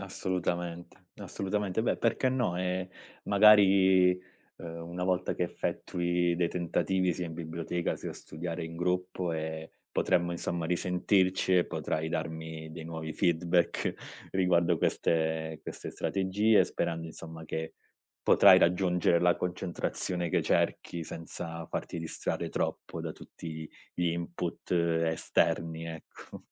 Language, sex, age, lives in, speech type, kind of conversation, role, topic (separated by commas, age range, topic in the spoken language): Italian, male, 30-34, Italy, conversation, advice, advisor, In che modo le distrazioni digitali interrompono la tua concentrazione e il tuo lavoro?